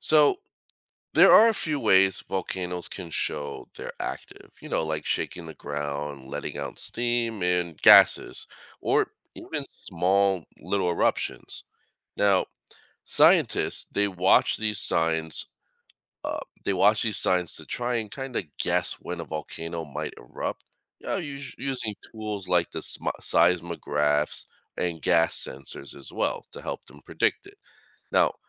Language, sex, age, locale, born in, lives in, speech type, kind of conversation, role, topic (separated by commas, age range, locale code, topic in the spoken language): English, male, 40-44, en-US, United States, United States, read, expert-assistant, expert, How do volcanoes erupt?